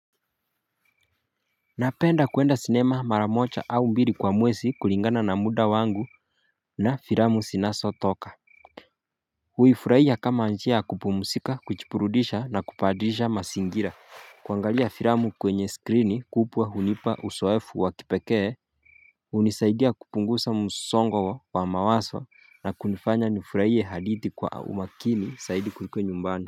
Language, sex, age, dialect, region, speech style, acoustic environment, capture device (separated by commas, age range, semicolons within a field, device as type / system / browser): Swahili, male, 25-34, Kenya - Mombasa, Kenya, focused, silence, mobile / Linux / Chrome